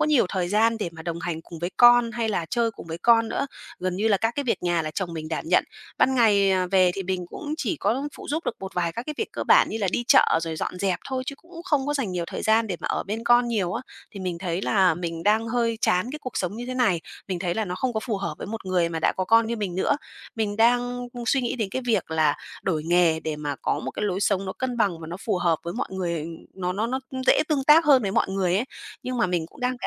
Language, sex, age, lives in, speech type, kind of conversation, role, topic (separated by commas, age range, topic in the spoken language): Vietnamese, female, 30-34, Vietnam, conversation, advice, user, Bạn muốn chuyển sang công việc gì để có lối sống cân bằng hơn?